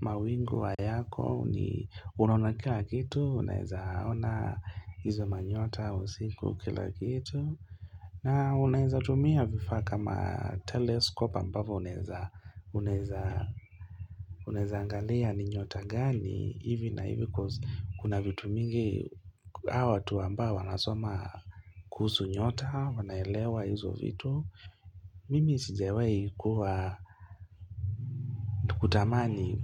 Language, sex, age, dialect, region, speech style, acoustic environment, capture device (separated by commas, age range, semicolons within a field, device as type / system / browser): Swahili, male, 25-34, Kenya - Mombasa, Kenya, happy, silence; music, mobile / Linux / Chrome